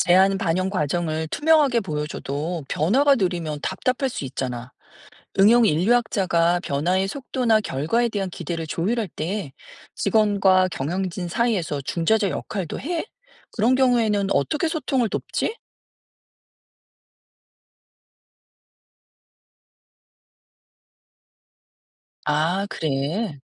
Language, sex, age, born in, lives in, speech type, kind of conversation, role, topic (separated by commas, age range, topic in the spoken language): Korean, female, 50-54, South Korea, United States, read, expert-assistant, asker, 응용인류학자가 하는 일이 뭔지 감이 잘 안 와요. 혹시 일상 속에서 어떤 역할을 하는지 알려줄 수 있나요?